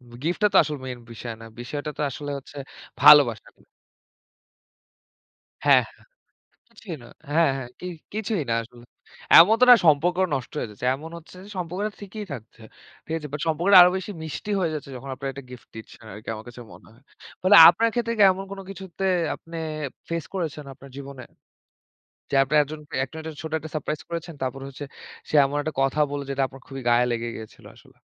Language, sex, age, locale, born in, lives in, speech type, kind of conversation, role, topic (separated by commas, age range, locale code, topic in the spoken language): Bengali, male, 25-29, bn-BD, Bangladesh, Bangladesh, conversation, unstructured, none, তুমি কি মনে করো প্রেমের সম্পর্কে ছোট ছোট চমক কী কাজে লাগে?